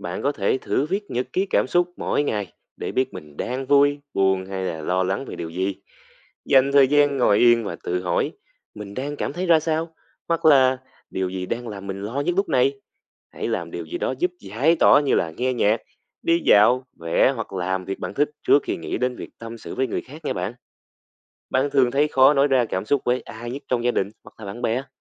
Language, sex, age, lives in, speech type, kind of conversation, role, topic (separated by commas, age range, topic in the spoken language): Vietnamese, male, 20-24, Vietnam, read, expert-assistant, expert, Những lợi ích của việc chia sẻ cảm xúc với người thân